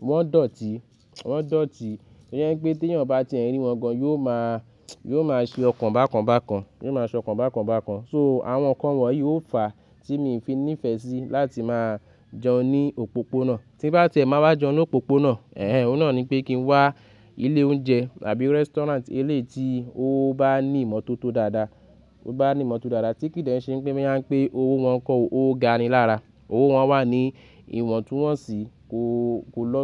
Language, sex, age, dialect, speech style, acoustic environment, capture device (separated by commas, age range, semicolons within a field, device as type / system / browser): Yoruba, male, 25-34, Nigeria - Lagos Yoruba, relaxed, nature, mobile / Linux / Chrome